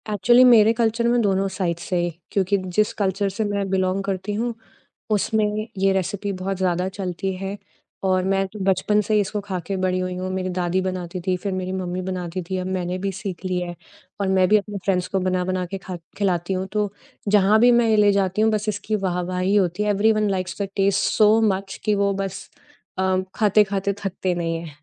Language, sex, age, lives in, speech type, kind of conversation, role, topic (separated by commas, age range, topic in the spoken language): Hindi, female, 30-34, India, conversation, podcast, guest, Aapke parivaar ki kaunsi recipe peedhiyon se chali aa rahi hai?